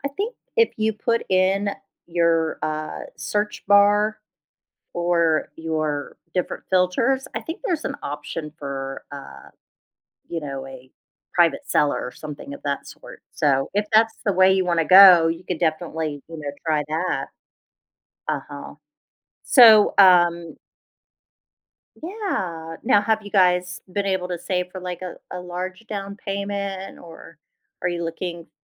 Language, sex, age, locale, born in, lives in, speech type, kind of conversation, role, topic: English, female, 45-49, en-US, United States, United States, conversation, advice, advisor, How do I buy my first home?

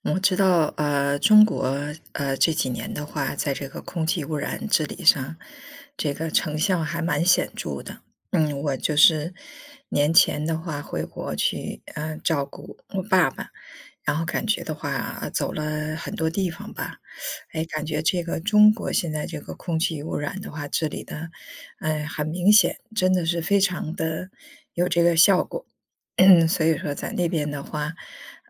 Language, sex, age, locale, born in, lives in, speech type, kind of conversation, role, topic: Chinese, female, 50-54, zh-CN, China, United States, conversation, unstructured, none, 你怎么看待空气污染问题？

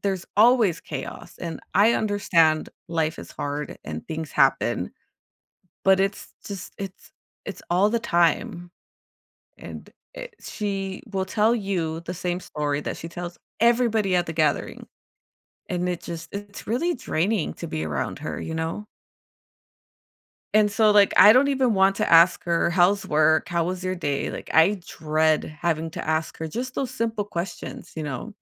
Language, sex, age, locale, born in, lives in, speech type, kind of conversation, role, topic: English, female, 35-39, en-US, United States, United States, conversation, advice, user, How can I manage tension at a family gathering?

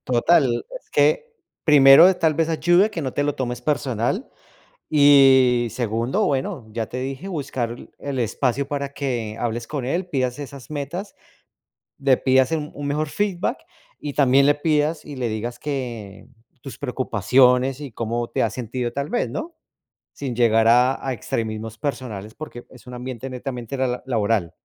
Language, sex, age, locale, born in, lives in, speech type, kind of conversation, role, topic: Spanish, male, 40-44, es-CO, Colombia, United States, conversation, advice, advisor, ¿Cómo te hace sentir la retroalimentación dura de tu jefe y qué te gustaría lograr al hablar de esto?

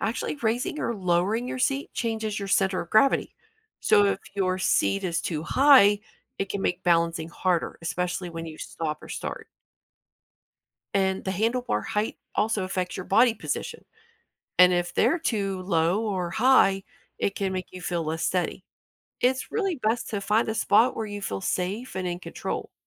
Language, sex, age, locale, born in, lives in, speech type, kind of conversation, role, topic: English, female, 45-49, en-US, United States, United States, read, expert-assistant, expert, How does a bicycle stay balanced while moving?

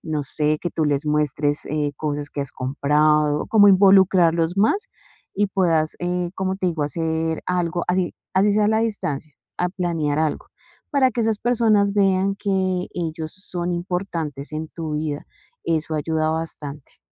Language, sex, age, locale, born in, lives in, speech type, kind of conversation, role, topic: Spanish, female, 40-44, es-CO, Colombia, Spain, conversation, advice, advisor, ¿Cómo puedo mantener cerca a las personas que me apoyan?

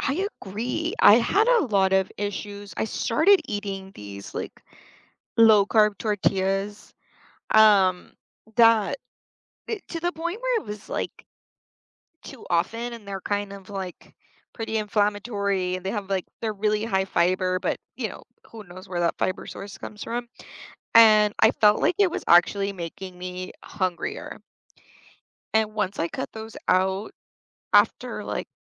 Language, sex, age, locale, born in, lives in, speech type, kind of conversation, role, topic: English, female, 35-39, en-US, United States, United States, conversation, unstructured, none, Which small daily rituals help you feel your best, and how can we encourage each other?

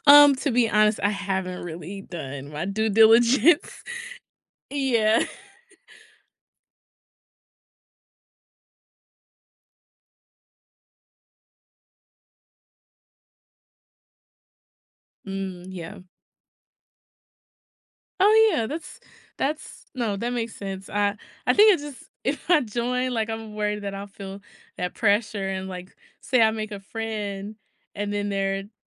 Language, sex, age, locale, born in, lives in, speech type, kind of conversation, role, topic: English, female, 30-34, en-US, United States, United States, conversation, advice, user, How can I make my upcoming move smooth and enjoyable while managing my nerves?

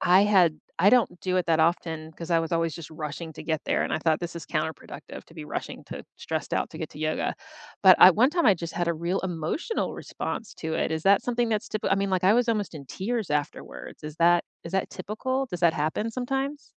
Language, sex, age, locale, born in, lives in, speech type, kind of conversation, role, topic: English, female, 50-54, en-US, United States, United States, conversation, unstructured, none, Which hobby did you leave behind, and what would it take to rekindle it?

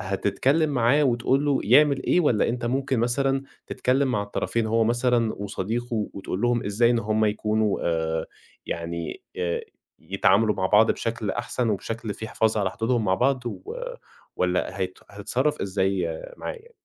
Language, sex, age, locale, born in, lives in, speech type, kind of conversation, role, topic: Arabic, male, 20-24, ar-EG, Egypt, Egypt, conversation, podcast, host, إزاي توازن بين إنك تسمع للناس وبين إنك تحافظ على حدودك؟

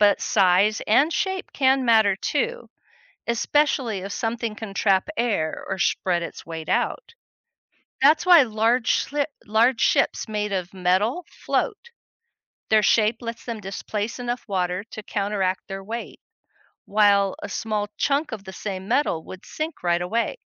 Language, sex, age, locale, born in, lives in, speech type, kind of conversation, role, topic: English, female, 60-64, en-US, United States, United States, read, expert-assistant, expert, Why does ice float on water?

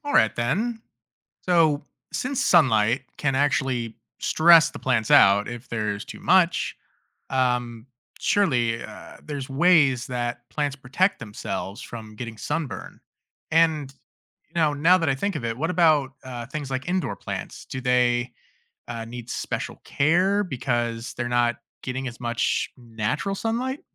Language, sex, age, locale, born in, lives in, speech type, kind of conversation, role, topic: English, male, 30-34, en-US, United States, United States, read, expert-assistant, asker, How do plants make their own food through photosynthesis?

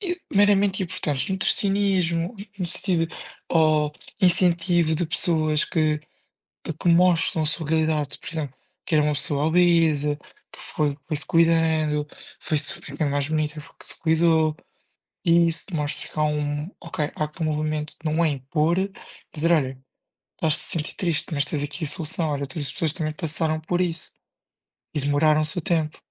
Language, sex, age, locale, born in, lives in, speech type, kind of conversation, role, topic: Portuguese, male, 20-24, pt-PT, Portugal, Portugal, conversation, unstructured, none, Você acha que as redes sociais criam mais problemas do que soluções?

